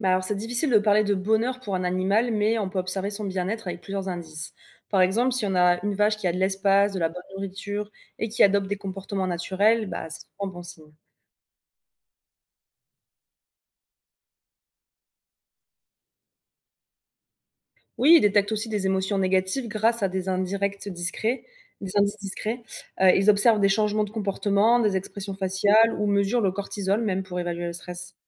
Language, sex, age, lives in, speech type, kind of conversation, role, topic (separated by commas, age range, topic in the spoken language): French, female, 25-29, France, read, expert-assistant, expert, Est-ce qu’on peut vraiment dire qu’un animal d’élevage est heureux ? Qu’est-ce que ça voudrait dire ?